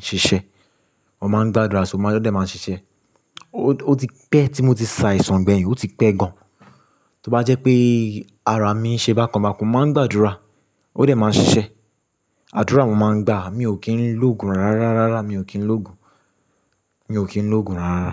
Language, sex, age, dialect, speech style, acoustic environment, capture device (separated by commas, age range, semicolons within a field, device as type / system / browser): Yoruba, male, 25-34, Nigeria - Lagos Yoruba, relaxed, construction; silence, mobile / Linux / Chrome